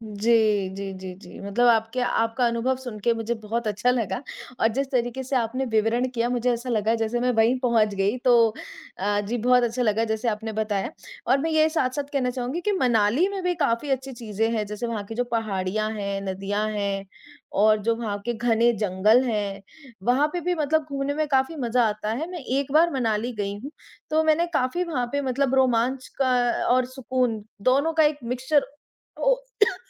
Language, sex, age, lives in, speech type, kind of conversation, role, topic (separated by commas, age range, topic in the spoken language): Hindi, male, 30-34, India, conversation, unstructured, none, आपको कौन-सी प्राकृतिक जगह सबसे अधिक पसंद है?